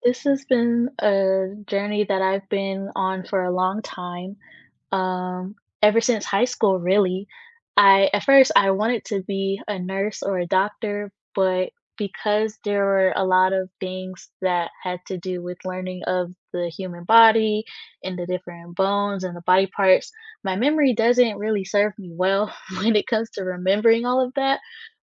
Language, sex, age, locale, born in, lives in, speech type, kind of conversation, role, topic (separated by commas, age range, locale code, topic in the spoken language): English, female, 20-24, en-US, United States, United States, conversation, unstructured, none, What are you working toward in your personal life this year, and what support helps most?